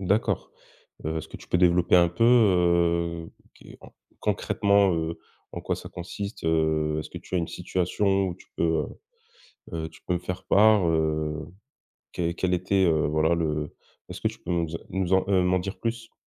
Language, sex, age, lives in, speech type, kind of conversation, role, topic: French, male, 25-29, France, conversation, podcast, host, Que recommanderais-tu pour lutter contre la paralysie décisionnelle ?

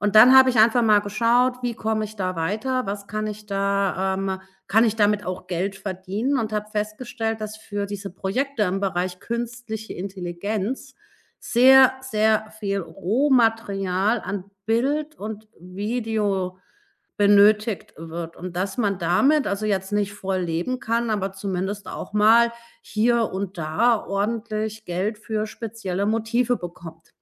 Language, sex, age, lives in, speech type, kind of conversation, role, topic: German, female, 40-44, Germany, conversation, podcast, guest, Was bedeutet Kreativität für dein persönliches Wachstum?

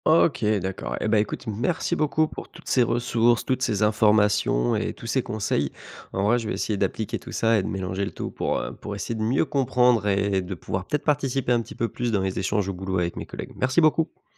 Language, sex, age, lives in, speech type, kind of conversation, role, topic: French, male, 25-29, France, read, expert-assistant, asker, Comment repérer si une info sur les effets de la mondialisation est fiable ou exagérée ?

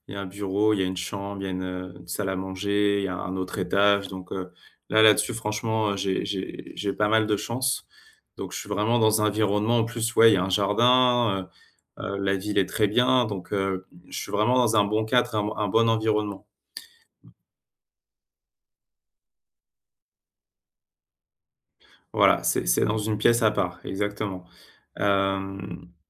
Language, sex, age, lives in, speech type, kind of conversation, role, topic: French, male, 35-39, France, conversation, advice, user, Comment décririez-vous votre épuisement émotionnel et votre difficulté à récupérer ?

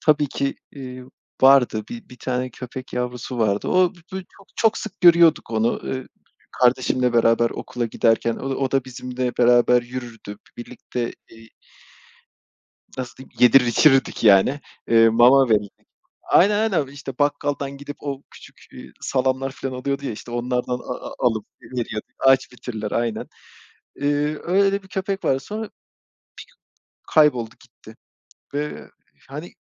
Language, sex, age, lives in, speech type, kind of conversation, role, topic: Turkish, male, 35-39, Poland, conversation, unstructured, none, Sokak hayvanlarına yardım etmek hakkında ne düşünüyorsun?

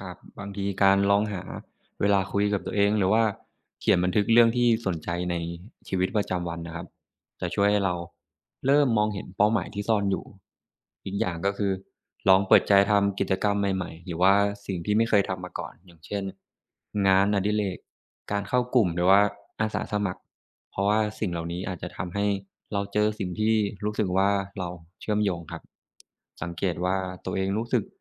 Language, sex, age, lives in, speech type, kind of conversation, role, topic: Thai, male, 25-29, Thailand, read, expert-assistant, expert, การสร้างแรงบันดาลใจให้ตนเองควรทำอย่างไร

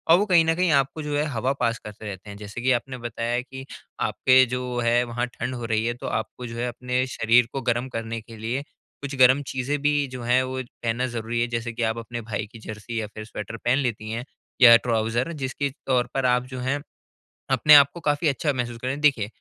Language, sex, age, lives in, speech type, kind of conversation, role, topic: Hindi, male, 20-24, India, conversation, advice, advisor, कपड़ों में आराम और शैली का संतुलन आप कैसे बना सकते हैं?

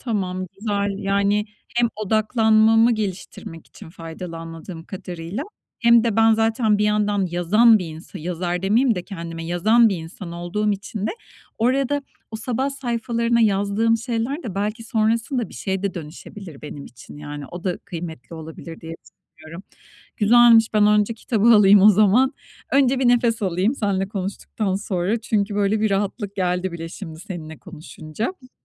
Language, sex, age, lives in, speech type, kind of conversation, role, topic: Turkish, female, 45-49, Spain, conversation, advice, user, Odaklanma süremi artırıp dikkatimi korumak için hangi alışkanlıkları geliştirebilirim?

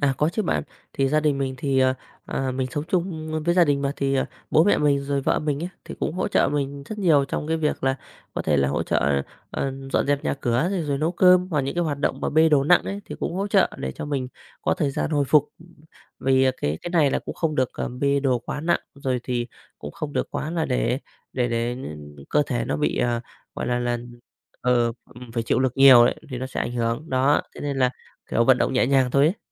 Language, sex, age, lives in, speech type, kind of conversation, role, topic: Vietnamese, male, 35-39, Vietnam, conversation, advice, user, Bạn mới được chẩn đoán bệnh gì và vì sao điều đó khiến bạn lo lắng về tương lai sức khỏe của mình?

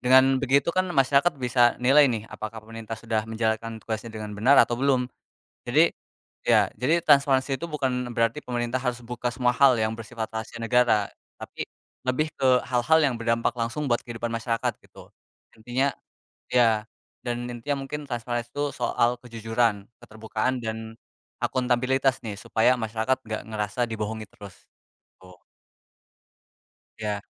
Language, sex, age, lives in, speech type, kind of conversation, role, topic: Indonesian, male, 20-24, Indonesia, conversation, unstructured, none, Mengapa transparansi pemerintah penting bagi masyarakat?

